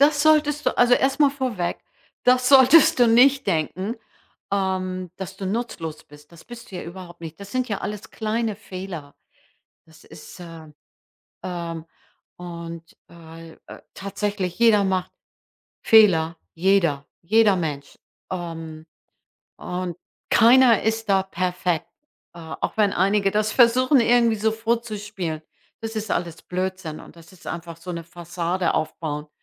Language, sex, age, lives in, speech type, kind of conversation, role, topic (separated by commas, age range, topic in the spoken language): German, female, 65-69, United States, conversation, advice, advisor, Wie lähmt dich die Angst vor Fehlern bei deinen Entscheidungen?